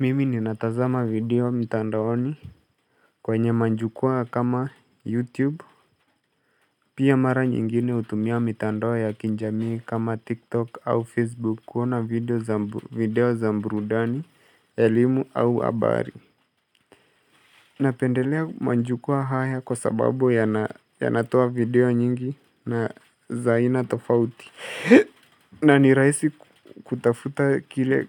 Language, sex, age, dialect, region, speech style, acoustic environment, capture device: Swahili, male, 18-24, Kenya - Mombasa, Kenya, relaxed, silence, mobile / Linux / Chrome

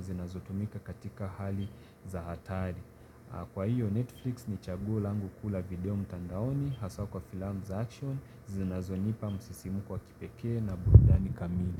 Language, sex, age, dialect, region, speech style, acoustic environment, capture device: Swahili, male, 25-34, Kenya - Mombasa, Kenya, relaxed, silence, mobile / Linux / Chrome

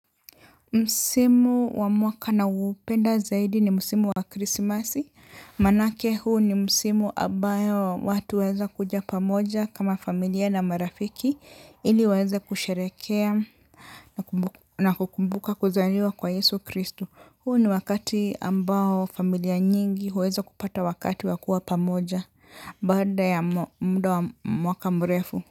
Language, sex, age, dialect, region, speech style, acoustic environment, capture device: Swahili, male, 35-44, Kenya - Nairobi Sheng-influenced, Kenya, relaxed, silence, mobile / Linux / Chrome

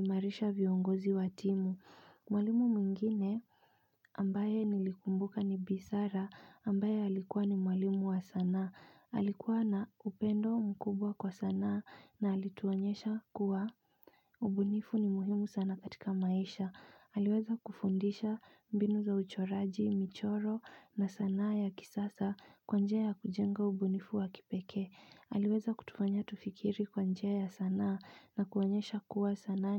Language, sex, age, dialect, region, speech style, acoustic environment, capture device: Swahili, female, 25-34, Kenya - Nairobi Sheng-influenced, Kenya, focused, silence, mobile / Linux / Chrome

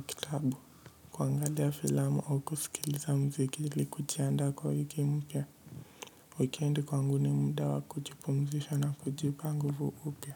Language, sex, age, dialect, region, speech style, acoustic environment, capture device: Swahili, male, 18-24, Kenya - Mombasa, Kenya, relaxed, silence, mobile / Linux / Edge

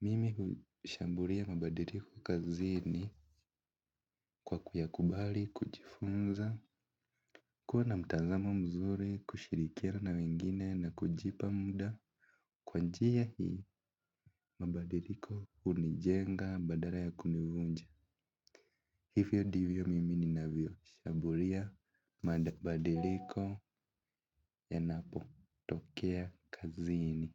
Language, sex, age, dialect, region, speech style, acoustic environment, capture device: Swahili, male, 18-24, Kenya - Nairobi Sheng-influenced, Kenya, focused, music, mobile / Linux / Chrome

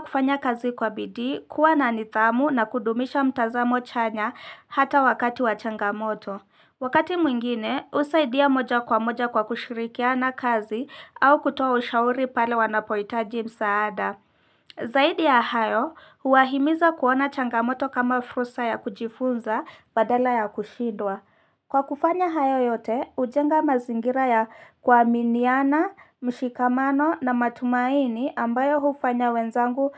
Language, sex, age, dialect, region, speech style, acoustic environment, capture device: Swahili, female, 25-34, Kenya - Nairobi Sheng-influenced, Kenya, excited, silence, mobile / Linux / Chrome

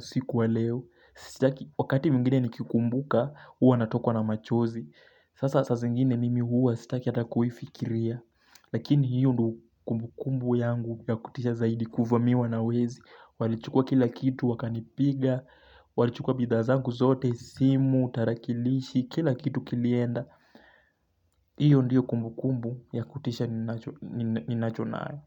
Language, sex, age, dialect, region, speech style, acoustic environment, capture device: Swahili, male, 18-24, Kenya - Mombasa, Kenya, relaxed, silence, mobile / Linux / Chrome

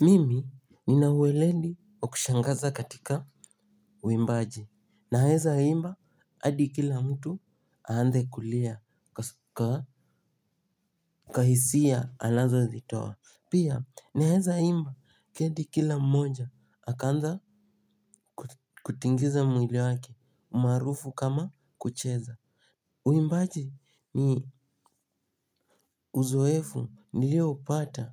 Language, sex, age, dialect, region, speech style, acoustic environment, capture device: Swahili, male, 18-24, Kenya - Nairobi Sheng-influenced, Kenya, happy, silence, mobile / Linux / Chrome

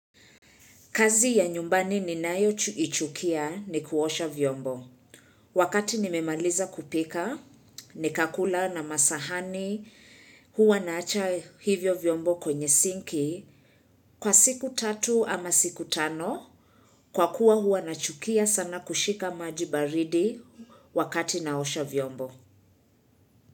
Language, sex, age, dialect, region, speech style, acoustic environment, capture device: Swahili, female, 25-34, Kenya - Nairobi Sheng-influenced, Kenya, relaxed, silence, mobile / Linux / Chrome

